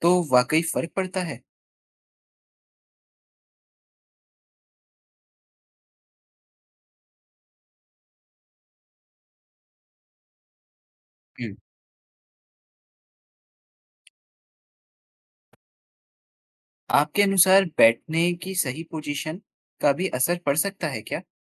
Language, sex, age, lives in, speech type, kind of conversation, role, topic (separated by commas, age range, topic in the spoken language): Hindi, male, 20-24, India, read, expert-assistant, asker, दिन भर बैठकर काम करने की आदत के शरीर पर क्या अच्छे-बुरे असर पड़ सकते हैं?